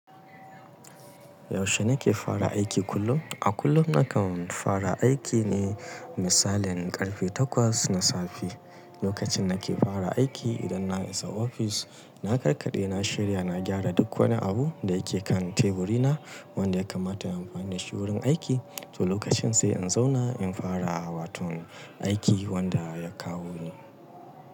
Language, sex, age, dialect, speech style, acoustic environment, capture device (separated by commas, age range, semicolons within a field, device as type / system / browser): Hausa, male, 35-44, Nigeria - Kano, happy; anxious; tired; relaxed; nervous; excited; focused; stressed, people talking; music; nature; silence; traffic; construction; appliances, mobile / Linux / Chrome